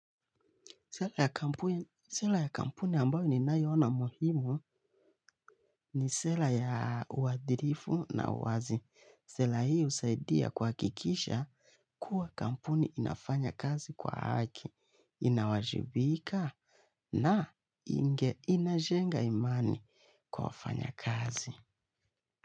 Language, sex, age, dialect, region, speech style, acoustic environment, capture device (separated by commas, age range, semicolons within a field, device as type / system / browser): Swahili, male, 25-34, Kenya - Nairobi Sheng-influenced, Kenya, relaxed, nature, mobile / Linux / Chrome